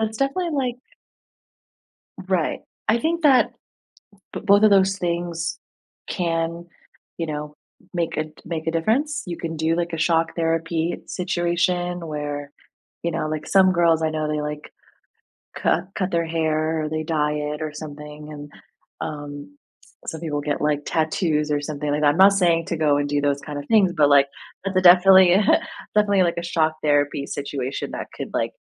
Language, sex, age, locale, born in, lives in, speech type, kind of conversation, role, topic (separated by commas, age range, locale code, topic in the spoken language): English, female, 30-34, en-US, United States, United States, conversation, advice, advisor, How do I cope with intense emotions and start moving on after a breakup?